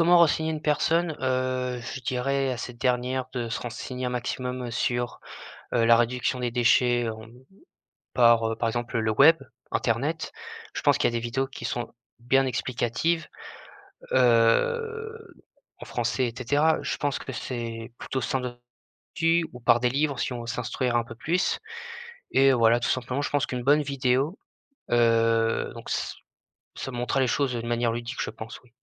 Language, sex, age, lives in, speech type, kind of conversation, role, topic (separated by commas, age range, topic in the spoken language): French, male, 20-24, France, conversation, podcast, guest, Comment réduis-tu tes déchets au quotidien ?